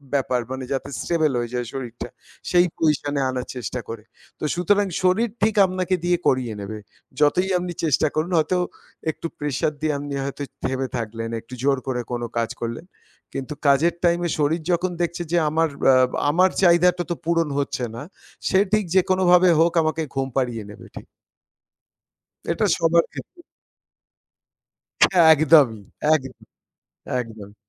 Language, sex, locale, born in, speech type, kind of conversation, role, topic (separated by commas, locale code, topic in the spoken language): Bengali, male, bn-IN, India, conversation, podcast, guest, ঘুমের অভ্যাস বদলালে আপনার শরীর ও মন কেমন অনুভব করে?